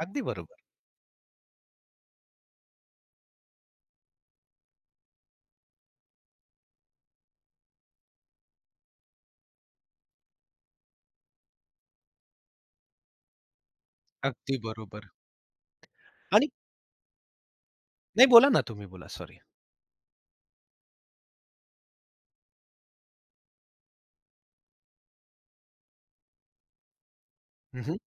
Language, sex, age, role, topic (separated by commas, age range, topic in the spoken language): Marathi, male, 45-49, guest, सोशल मीडियावर छोट्या कथाकथनाचा लोकांवर किती प्रभाव पडतो?